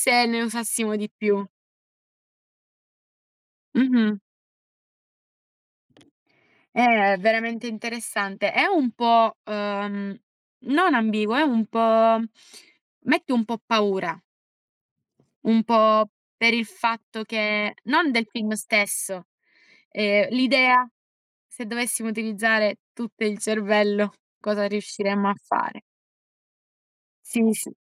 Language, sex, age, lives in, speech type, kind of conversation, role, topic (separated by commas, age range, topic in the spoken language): Italian, female, 20-24, Italy, conversation, unstructured, none, Preferisci leggere un libro o guardare un film?